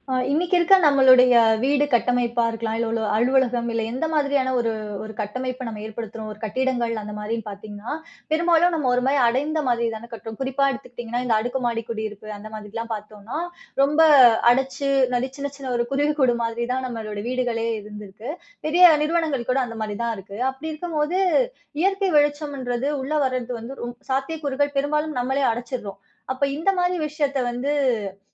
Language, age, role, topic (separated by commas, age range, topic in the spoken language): Tamil, 30-34, host, இயற்கை வெளிச்சத்தை அதிகப்படுத்த நீங்கள் என்னென்ன முயற்சிகள் செய்கிறீர்கள்?